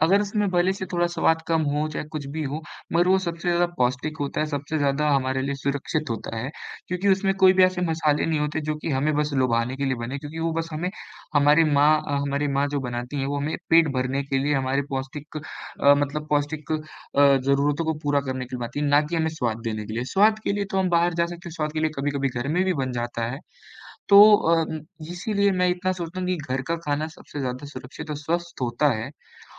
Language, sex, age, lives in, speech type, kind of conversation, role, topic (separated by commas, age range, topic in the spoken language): Hindi, male, 18-19, India, conversation, unstructured, none, क्या आपको लगता है कि बाहर का खाना अक्सर गंदा होता है?